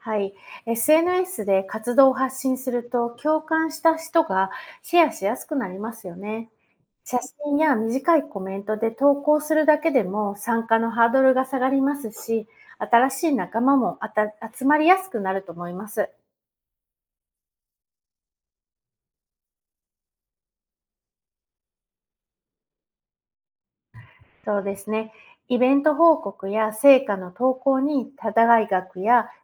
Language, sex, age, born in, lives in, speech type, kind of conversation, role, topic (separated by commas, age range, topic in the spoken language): Japanese, female, 45-49, Japan, United States, read, expert-assistant, expert, 地球温暖化を食い止めるためのさまざまな方法には、どんな良い点と心配な点がありますか？